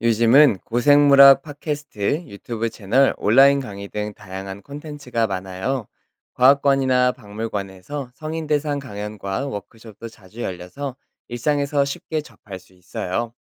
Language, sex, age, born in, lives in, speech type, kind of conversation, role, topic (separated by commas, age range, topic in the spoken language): Korean, male, 30-34, South Korea, South Korea, read, expert-assistant, expert, 고생물학에 대해 쉽고 재미있게 배울 수 있는 방법에는 어떤 것들이 있을까요?